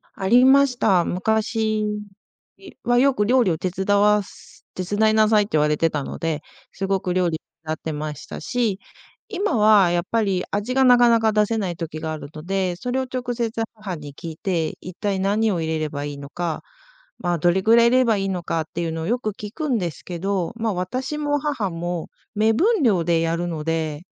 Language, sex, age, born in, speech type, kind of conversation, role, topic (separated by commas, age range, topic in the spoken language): Japanese, female, 40-44, Japan, conversation, podcast, guest, 親から受け継いだ味はありますか？